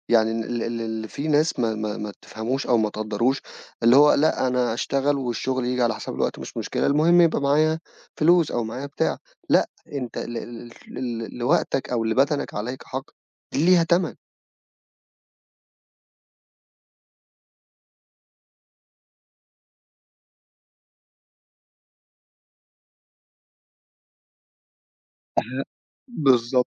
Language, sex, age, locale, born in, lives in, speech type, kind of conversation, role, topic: Arabic, male, 25-29, ar-EG, Egypt, Egypt, conversation, podcast, host, إزاي بتحط حدود واضحة بين الشغل وحياتك الشخصية؟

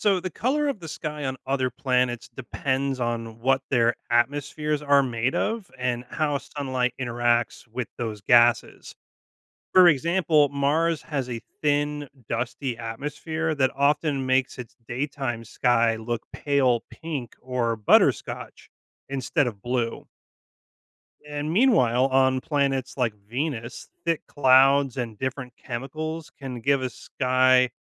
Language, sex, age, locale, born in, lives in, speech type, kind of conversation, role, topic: English, male, 35-39, en-US, United States, United States, read, expert-assistant, expert, What makes the sky look blue during the day?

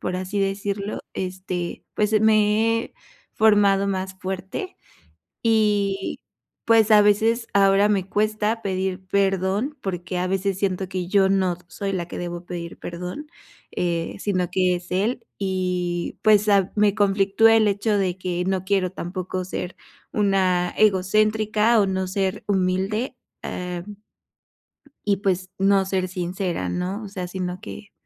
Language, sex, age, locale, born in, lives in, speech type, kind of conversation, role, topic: Spanish, female, 25-29, es-MX, Mexico, Mexico, conversation, advice, user, ¿Cómo puedo pedir perdón de manera sincera sin sonar débil ni forzado?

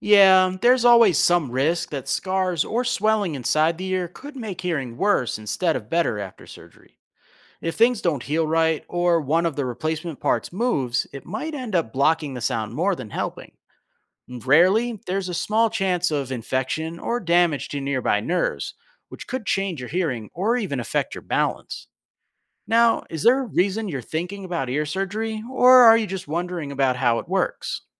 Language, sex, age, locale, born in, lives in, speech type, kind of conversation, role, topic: English, male, 35-39, en-US, United States, United States, read, expert-assistant, expert, How do sound waves reach and get detected by ears?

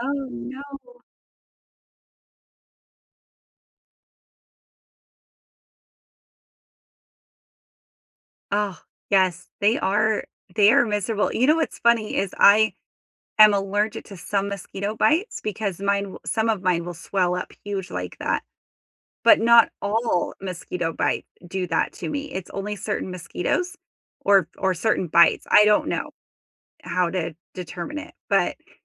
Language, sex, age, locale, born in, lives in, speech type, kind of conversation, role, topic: English, female, 35-39, en-US, United States, United States, conversation, unstructured, none, What is your favorite way to enjoy nature outdoors?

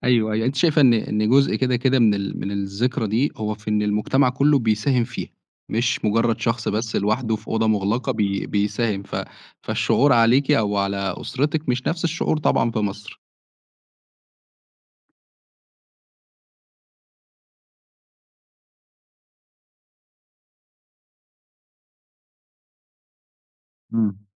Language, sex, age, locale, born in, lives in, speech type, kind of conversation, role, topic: Arabic, male, 25-29, ar-EG, Egypt, Egypt, conversation, podcast, host, احكيلي عن تقليد في عيلتكم بتحبه وإيه اللي بيخليه مميز عندك؟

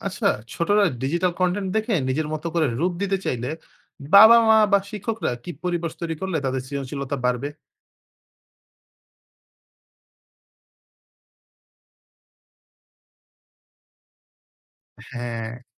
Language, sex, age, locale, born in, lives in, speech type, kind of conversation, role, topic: Bengali, male, 20-24, bn-BD, Bangladesh, Bangladesh, read, expert-assistant, asker, ডিজিটাল মিডিয়াতে আমরা যা দেখি, তার কতটা আমাদের চিন্তা ও মূল্যবোধ গড়ে তোলে বলে মনে হয়?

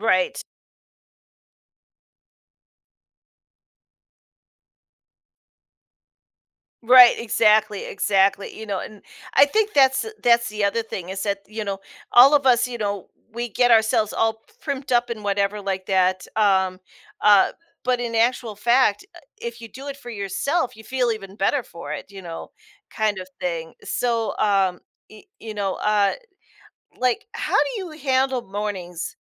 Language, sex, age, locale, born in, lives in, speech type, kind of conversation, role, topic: English, female, 45-49, en-US, United States, United States, conversation, podcast, host, What habits or routines help you set a positive tone for the rest of your day?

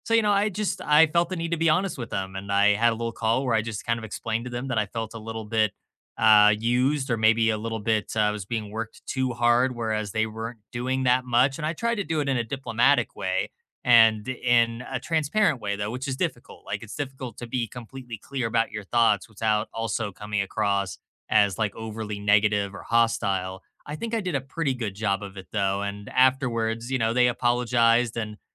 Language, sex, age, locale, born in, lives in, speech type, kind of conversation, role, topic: English, male, 25-29, en-US, United States, United States, conversation, unstructured, none, How do you reconnect after an argument or misunderstanding?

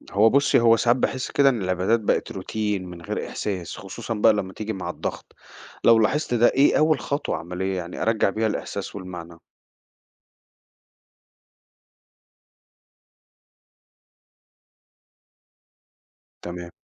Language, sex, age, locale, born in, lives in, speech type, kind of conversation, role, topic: Arabic, male, 40-44, ar-EG, Egypt, United States, read, expert-assistant, asker, ليه أوقات بحس إن الصلاة أو الذكر مش بيدوني نفس الراحة اللي كنت بحسها زمان؟ ممكن يكون في حاجة غلط في ممارستي الروحية؟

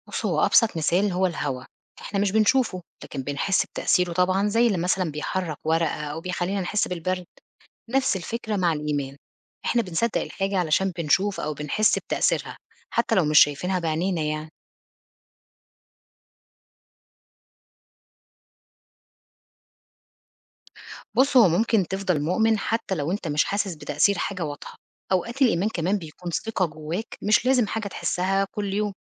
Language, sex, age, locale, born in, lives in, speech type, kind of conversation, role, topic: Arabic, female, 40-44, ar-EG, Egypt, Portugal, read, expert-assistant, expert, إزاي ممكن نشبّه فكرة الإيمان بشيء مش شايفينه بحاجة في حياتنا العادية؟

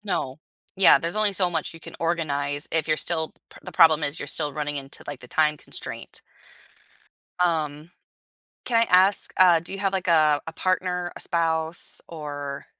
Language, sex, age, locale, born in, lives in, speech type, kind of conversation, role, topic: English, female, 30-34, en-US, United States, United States, conversation, advice, advisor, How do I set boundaries and prioritize so work doesn't take over my personal life?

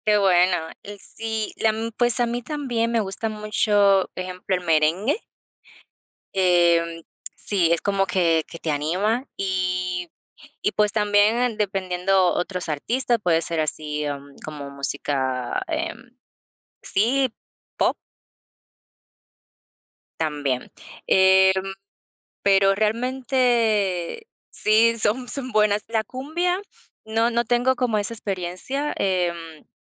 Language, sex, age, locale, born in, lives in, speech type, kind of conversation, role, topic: Spanish, female, 30-34, es-DO, Dominican Republic, United States, conversation, unstructured, none, ¿Qué tipo de música te anima cuando estás triste?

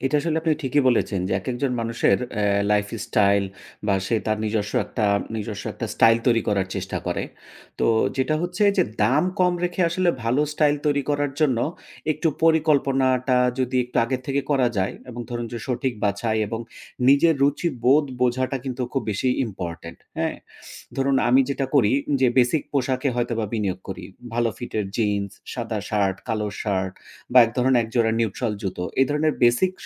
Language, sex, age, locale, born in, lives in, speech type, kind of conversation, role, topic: Bengali, male, 35-39, bn-BD, Bangladesh, Finland, conversation, podcast, guest, বাজেট কম রেখে কীভাবে ভালো স্টাইল তৈরি করবেন?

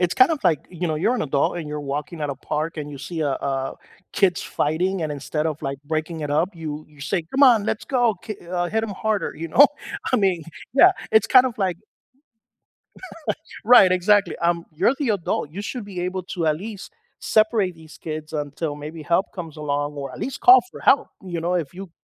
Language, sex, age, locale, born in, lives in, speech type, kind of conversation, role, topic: English, male, 45-49, en-US, United States, United States, conversation, podcast, guest, How has social media influenced the way we form and maintain relationships?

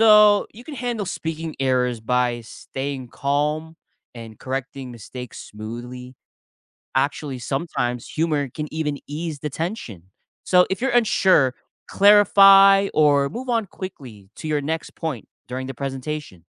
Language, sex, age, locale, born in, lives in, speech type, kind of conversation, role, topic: English, male, 25-29, en-US, United States, United States, read, expert-assistant, expert, What are some tips for becoming a better public speaker?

